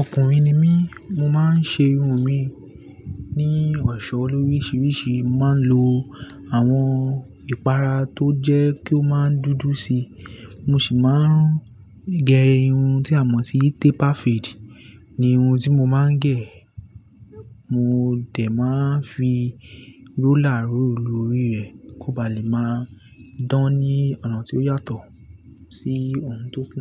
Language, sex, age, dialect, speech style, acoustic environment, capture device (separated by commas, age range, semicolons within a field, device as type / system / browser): Yoruba, male, 18-24, Nigeria - Lagos Yoruba, relaxed; focused, nature, desktop / Linux / Chrome